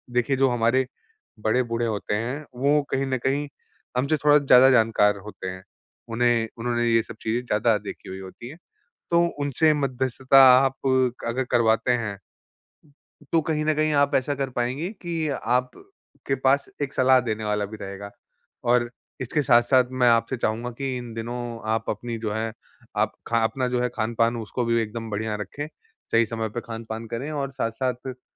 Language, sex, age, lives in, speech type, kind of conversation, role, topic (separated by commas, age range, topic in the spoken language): Hindi, male, 25-29, India, conversation, advice, advisor, भाई-बहनों के साथ भरोसा कैसे बनाऊँ और बेहतर संवाद कैसे करूँ?